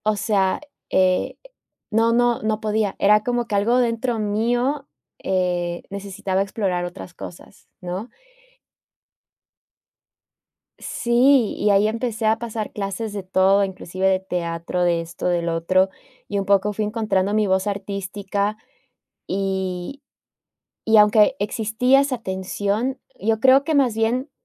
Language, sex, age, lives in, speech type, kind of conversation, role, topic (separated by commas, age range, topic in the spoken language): Spanish, female, 30-34, United States, conversation, podcast, guest, ¿Qué diferencias ves entre el éxito y la felicidad?